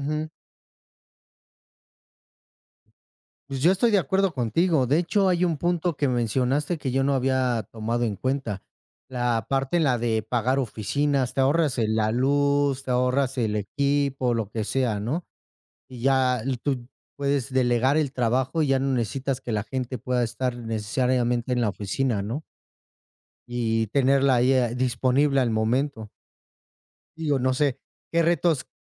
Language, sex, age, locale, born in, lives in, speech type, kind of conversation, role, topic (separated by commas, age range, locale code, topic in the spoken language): Spanish, male, 35-39, es-MX, Mexico, Mexico, conversation, unstructured, none, ¿Qué opinas sobre el teletrabajo y su futuro?